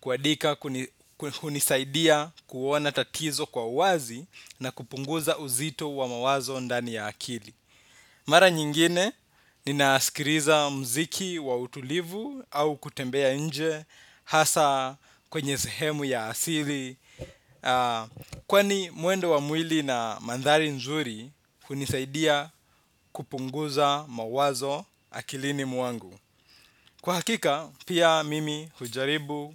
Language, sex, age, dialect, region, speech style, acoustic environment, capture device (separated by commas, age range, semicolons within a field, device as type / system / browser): Swahili, male, 25-34, Kenya - Nairobi Sheng-influenced, Kenya, relaxed, silence, desktop / Windows / Chrome